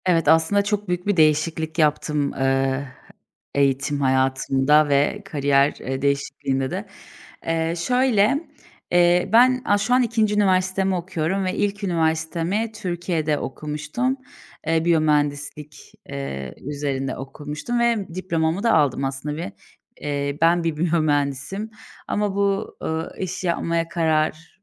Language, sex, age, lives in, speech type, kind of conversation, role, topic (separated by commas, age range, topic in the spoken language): Turkish, female, 30-34, Bulgaria, conversation, podcast, guest, Kariyer değişikliğini aileye nasıl açıklarsın?